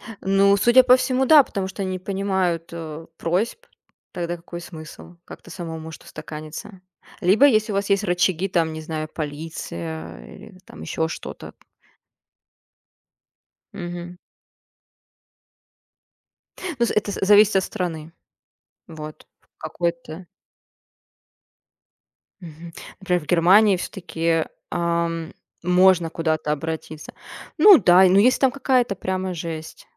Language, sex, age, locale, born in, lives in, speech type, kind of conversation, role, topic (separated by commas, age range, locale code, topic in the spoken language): Russian, female, 30-34, ru-RU, Russia, Germany, conversation, unstructured, none, Что вас больше всего раздражает в людях?